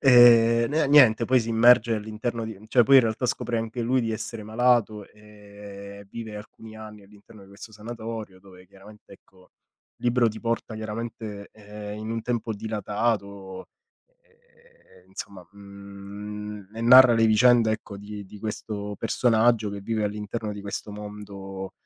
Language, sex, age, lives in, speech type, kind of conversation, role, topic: Italian, male, 30-34, Italy, conversation, unstructured, none, Se potessi vivere per un giorno nei panni di un personaggio di un libro, chi sceglieresti?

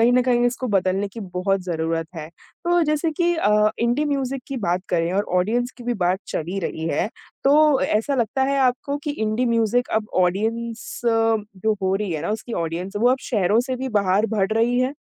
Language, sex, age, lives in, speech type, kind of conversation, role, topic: Hindi, female, 20-24, India, conversation, podcast, host, बॉलीवुड और स्वतंत्र सिनेमा/संगीत में संतुलन बनाने का आपका तरीका क्या है?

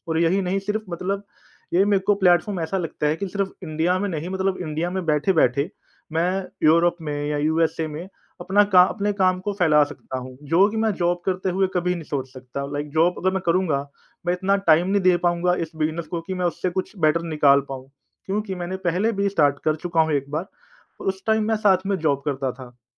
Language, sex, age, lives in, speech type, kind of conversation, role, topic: Hindi, male, 30-34, India, conversation, advice, user, क्या आप अपना पूरा करियर छोड़कर अपना व्यवसाय शुरू करने पर विचार कर रहे हैं?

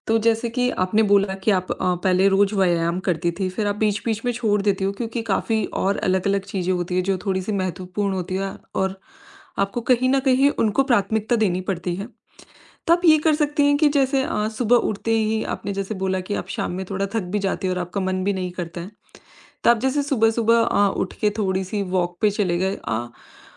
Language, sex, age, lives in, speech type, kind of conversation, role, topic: Hindi, female, 25-29, India, conversation, advice, advisor, रोज़ व्यायाम की आदत शुरू तो हुई पर टिक नहीं रही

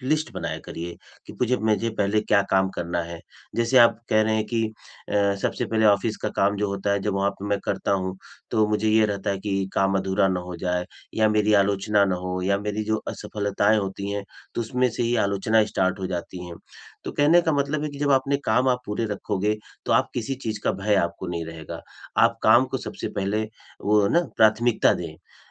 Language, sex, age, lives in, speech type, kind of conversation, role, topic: Hindi, male, 45-49, India, conversation, advice, advisor, मैं अपना अधूरा काम दूसरों के साथ बिना डर के कैसे साझा करूँ?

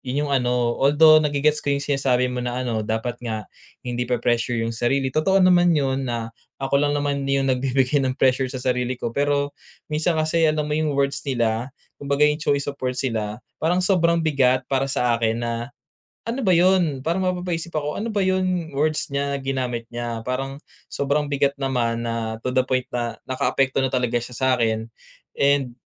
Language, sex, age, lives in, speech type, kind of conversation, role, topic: Filipino, male, 25-29, Philippines, conversation, advice, user, Paano ko masisiguro na ang feedback ay may kasamang solusyon at hindi lang puro kritisismo?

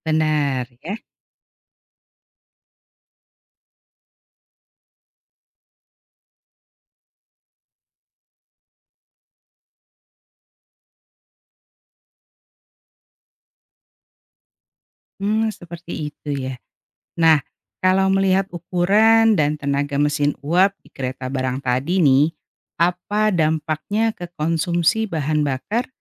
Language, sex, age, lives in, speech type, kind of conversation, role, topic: Indonesian, female, 45-49, Indonesia, read, expert-assistant, asker, Bagaimana cara kerja mesin uap pada kereta api zaman dulu?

